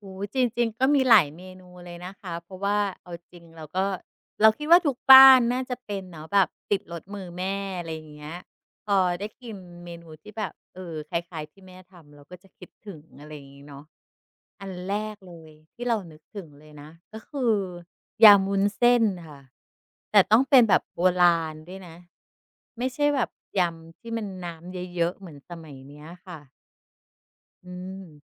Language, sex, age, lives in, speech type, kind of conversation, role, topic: Thai, female, 45-49, Thailand, conversation, podcast, guest, มีเมนูอะไรที่ทำให้คุณคิดถึงบ้านมากที่สุด?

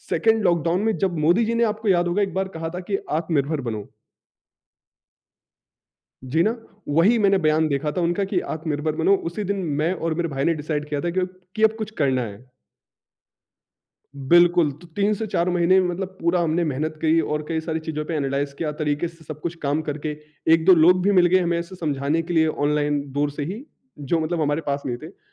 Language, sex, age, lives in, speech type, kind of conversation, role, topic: Hindi, male, 25-29, India, conversation, podcast, guest, Kya kabhi kisi failure ne tumhari life ko badal diya, aur kaise?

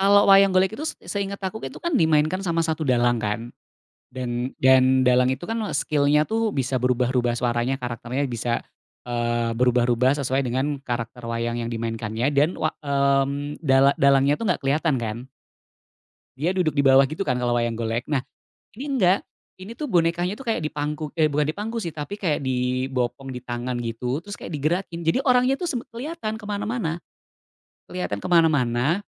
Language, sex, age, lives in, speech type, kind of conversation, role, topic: Indonesian, male, 35-39, Indonesia, conversation, podcast, guest, Apa momen hiburan paling menyembuhkan yang pernah kamu alami?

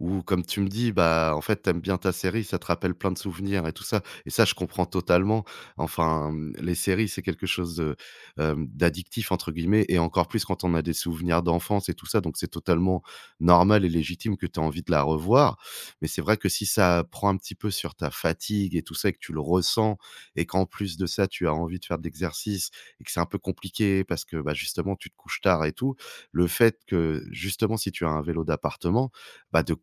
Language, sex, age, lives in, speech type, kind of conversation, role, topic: French, male, 35-39, France, conversation, advice, advisor, Comment puis-je trouver du temps pour faire de l’exercice malgré un emploi du temps chargé ?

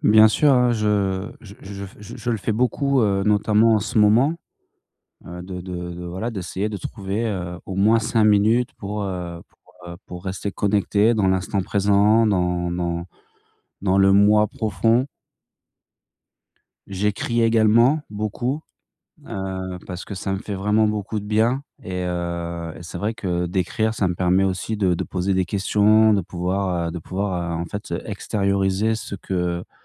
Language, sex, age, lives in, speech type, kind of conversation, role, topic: French, male, 40-44, France, conversation, advice, user, Comment puis-je calmer des émotions très fortes avant de réagir ?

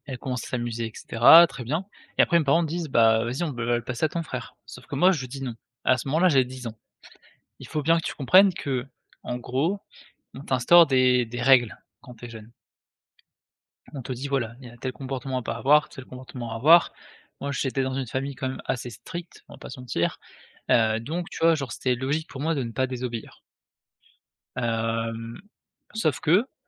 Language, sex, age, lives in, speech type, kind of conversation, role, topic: French, male, 20-24, France, conversation, podcast, guest, Quel conseil donnerais-tu à ton jeune toi ?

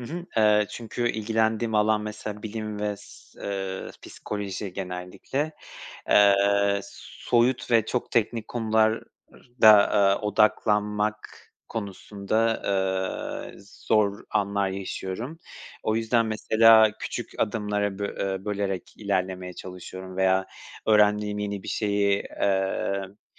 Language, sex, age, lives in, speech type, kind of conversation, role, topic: Turkish, male, 25-29, Poland, conversation, unstructured, none, Yeni bir şey öğrenmek sana nasıl hissettiriyor?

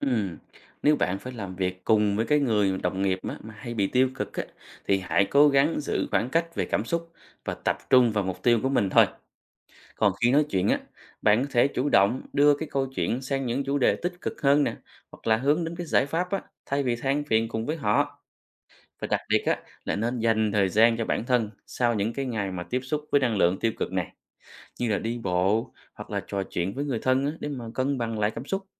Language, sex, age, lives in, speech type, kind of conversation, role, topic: Vietnamese, male, 30-34, Vietnam, read, expert-assistant, expert, Tại sao nên giữ thái độ tích cực trong cuộc sống?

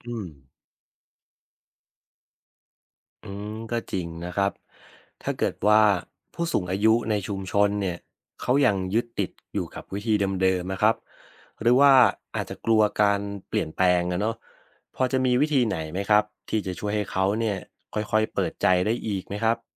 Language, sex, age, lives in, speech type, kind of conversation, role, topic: Thai, male, 30-34, Thailand, read, expert-assistant, asker, ชุมชนจะสร้างความเข้าใจเรื่องสุขภาพร่วมกันได้อย่างไร?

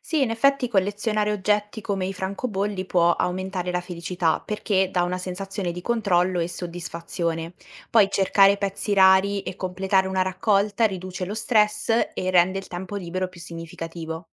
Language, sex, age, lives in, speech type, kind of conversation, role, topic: Italian, female, 18-19, Italy, read, expert-assistant, expert, Collezionare oggetti può insegnarci qualcosa sulla felicità o sul valore delle cose?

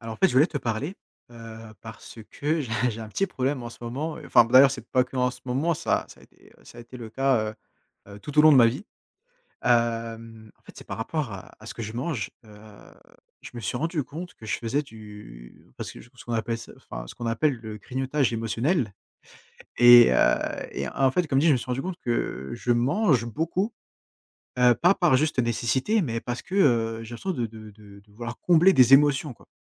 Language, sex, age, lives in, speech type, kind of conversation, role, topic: French, male, 20-24, France, conversation, advice, user, Comment le grignotage émotionnel en vient-il à remplacer des choix alimentaires sains ?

